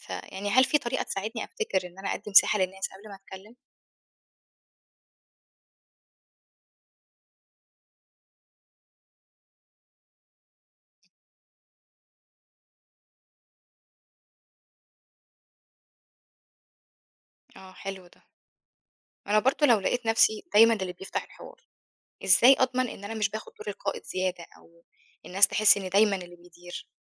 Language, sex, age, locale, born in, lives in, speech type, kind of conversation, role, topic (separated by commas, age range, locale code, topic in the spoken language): Arabic, female, 25-29, ar-EG, Egypt, Egypt, read, expert-assistant, asker, إزاي أقدر أكون قائد ناجح في شغلي حتى لو مش مدير؟ إيه المهارات اللي ممكن أطورها عشان أأثر في زمايلي بشكل إيجابي؟